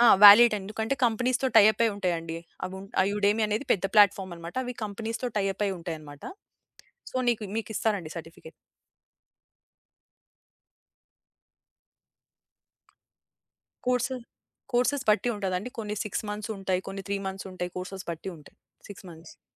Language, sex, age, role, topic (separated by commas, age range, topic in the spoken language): Telugu, female, 25-29, guest, మీ జీవితంలో మీరు తీసుకున్న ఏ నిర్ణయంపై మీకు ఎక్కువ పశ్చాత్తాపం ఉంది?